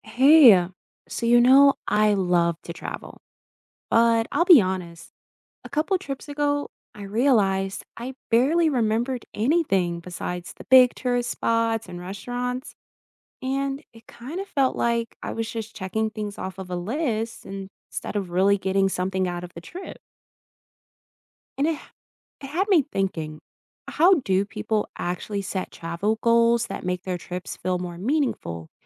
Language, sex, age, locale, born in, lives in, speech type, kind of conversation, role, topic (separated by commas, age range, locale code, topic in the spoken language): English, female, 20-24, en-US, United States, United States, read, expert-assistant, asker, How can travel goals make trips more meaningful?